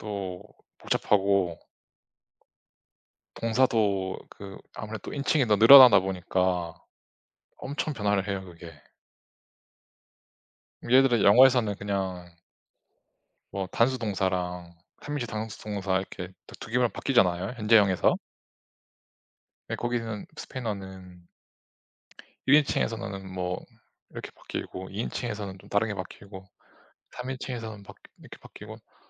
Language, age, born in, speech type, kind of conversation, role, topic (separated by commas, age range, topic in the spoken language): Korean, 30-34, South Korea, conversation, unstructured, none, 기술이 우리 일상을 어떻게 바꾸고 있다고 생각하시나요?